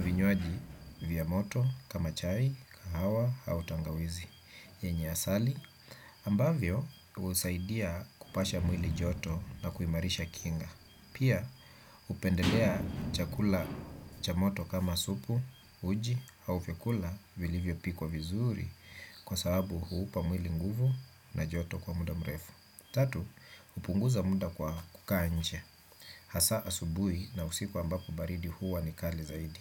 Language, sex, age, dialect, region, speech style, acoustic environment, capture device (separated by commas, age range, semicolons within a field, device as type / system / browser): Swahili, male, 35-44, Kenya - Nairobi Sheng-influenced, Kenya, relaxed, nature, desktop / Windows / Chrome